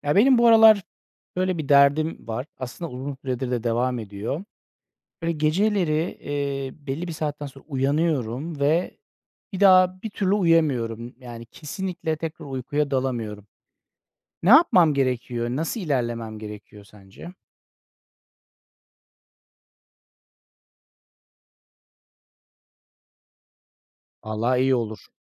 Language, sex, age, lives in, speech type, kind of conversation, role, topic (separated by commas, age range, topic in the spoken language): Turkish, male, 40-44, Netherlands, conversation, advice, user, Gece sık sık uyanıp tekrar uyuyamama sorununu nasıl yaşıyorsun?